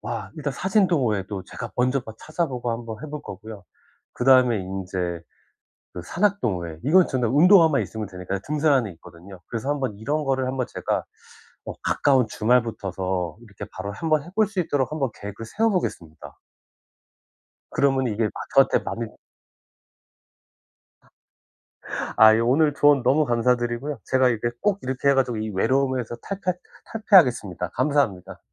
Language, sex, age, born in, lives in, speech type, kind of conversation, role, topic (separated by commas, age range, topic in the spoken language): Korean, male, 40-44, South Korea, United States, conversation, advice, user, 휴일에 외로움과 소외감을 자주 느낄 때 어떻게 하면 좋을까요?